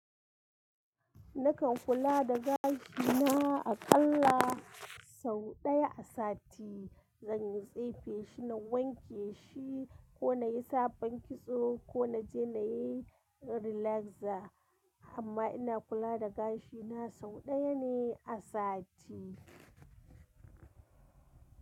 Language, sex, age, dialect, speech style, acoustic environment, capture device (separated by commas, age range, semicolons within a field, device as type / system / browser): Hausa, female, 25-34, Nigeria - Kano, happy, construction, mobile / Linux / Chrome